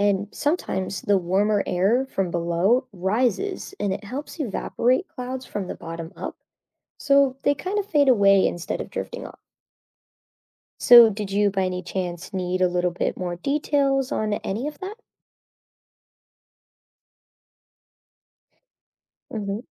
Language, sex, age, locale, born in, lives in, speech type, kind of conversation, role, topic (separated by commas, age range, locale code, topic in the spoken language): English, female, 25-29, en-US, United States, United States, read, expert-assistant, expert, How do clouds form?